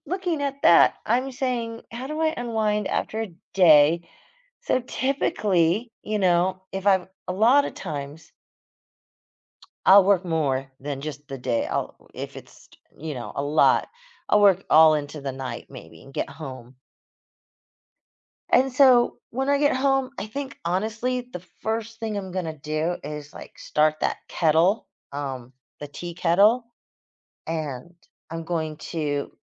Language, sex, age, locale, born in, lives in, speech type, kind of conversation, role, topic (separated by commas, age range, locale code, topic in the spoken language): English, female, 60-64, en-US, United States, United States, conversation, podcast, guest, What routines or habits help you relax and recharge after a long day?